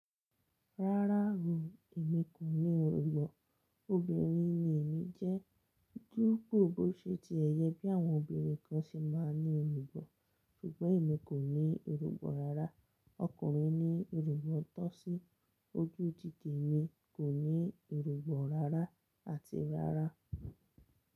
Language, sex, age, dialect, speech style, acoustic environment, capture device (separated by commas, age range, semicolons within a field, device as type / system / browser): Yoruba, female, 25-34, Nigeria - Lagos Yoruba, relaxed, silence; nature, mobile / Linux / Chrome